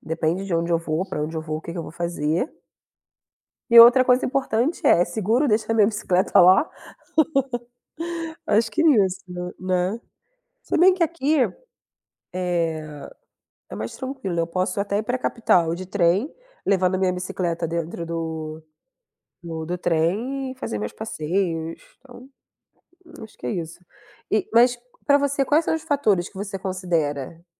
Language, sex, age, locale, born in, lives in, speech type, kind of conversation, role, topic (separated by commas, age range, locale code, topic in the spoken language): Portuguese, female, 30-34, pt-BR, Brazil, Germany, conversation, unstructured, none, Como você decide entre usar transporte público ou bicicleta?